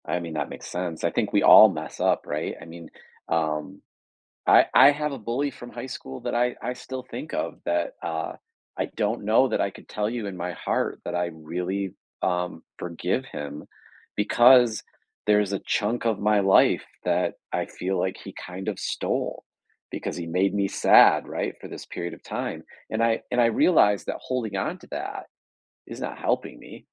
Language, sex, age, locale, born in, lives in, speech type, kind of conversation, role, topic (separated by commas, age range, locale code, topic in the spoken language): English, male, 45-49, en-US, United States, United States, conversation, podcast, host, How does the act of forgiving impact our relationships and personal growth?